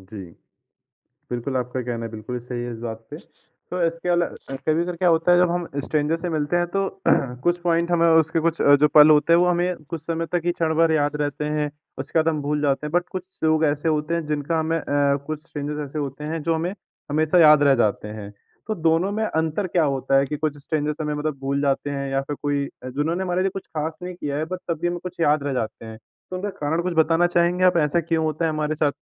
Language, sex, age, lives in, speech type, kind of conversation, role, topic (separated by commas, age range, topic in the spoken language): Hindi, male, 20-24, India, conversation, podcast, host, Woh kaunsa pal tha jab bilkul anjaan log bhi tumhe parivaar jaise lagne lage, aur phir kya hua?